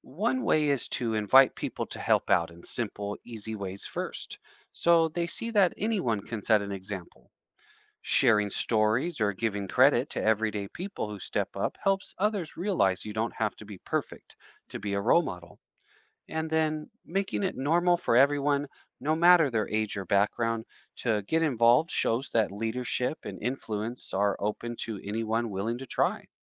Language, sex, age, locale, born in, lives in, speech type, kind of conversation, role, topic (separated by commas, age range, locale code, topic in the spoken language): English, male, 35-39, en-US, United States, United States, read, expert-assistant, expert, What are the effects of positive role models in your community?